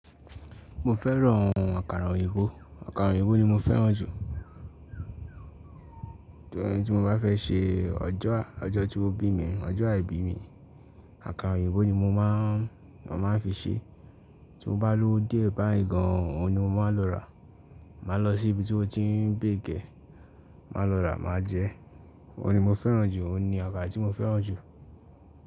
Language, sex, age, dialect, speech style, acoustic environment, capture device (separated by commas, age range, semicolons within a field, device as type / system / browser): Yoruba, male, 18-24, Nigeria - Ibadan, focused, silence, mobile / Linux / Chrome